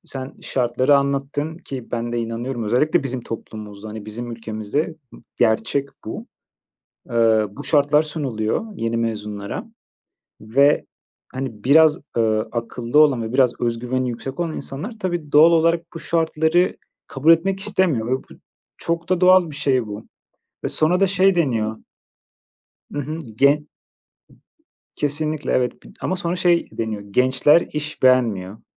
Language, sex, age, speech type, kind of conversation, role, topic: Turkish, male, 30-34, conversation, unstructured, none, İş yerinde mobbing neden bu kadar sık görülüyor?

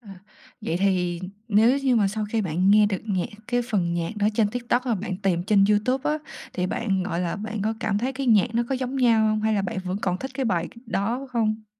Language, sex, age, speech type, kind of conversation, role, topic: Vietnamese, female, 20-24, conversation, podcast, host, Bạn nghĩ mạng xã hội thay đổi cách chúng ta nghe nhạc như thế nào?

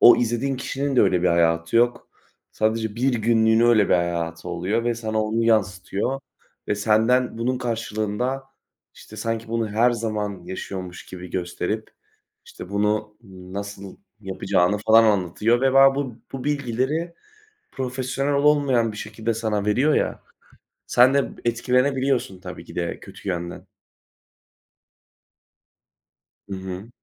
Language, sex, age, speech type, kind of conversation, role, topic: Turkish, male, 20-24, conversation, unstructured, none, İlişkide farklılıklara saygı duymanın önemi nedir?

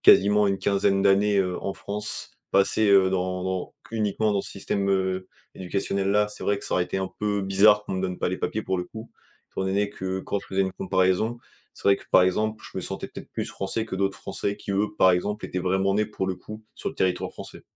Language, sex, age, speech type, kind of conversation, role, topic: French, male, 20-24, conversation, podcast, guest, Comment favoriser l’inclusion des nouveaux arrivants ?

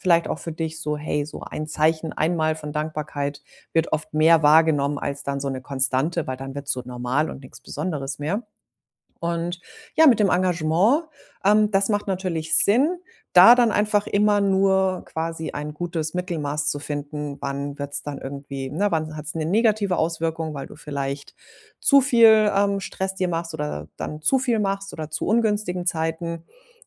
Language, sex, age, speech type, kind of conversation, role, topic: German, female, 40-44, conversation, advice, advisor, Wie kann ich lernen, ohne Schuldgefühle Nein zu sagen?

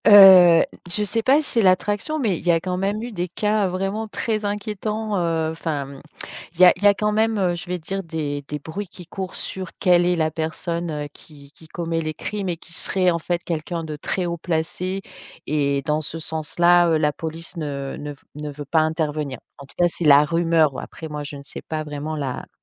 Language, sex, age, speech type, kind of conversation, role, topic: French, female, 45-49, conversation, podcast, guest, Quelle aventure t’a vraiment marqué(e) ?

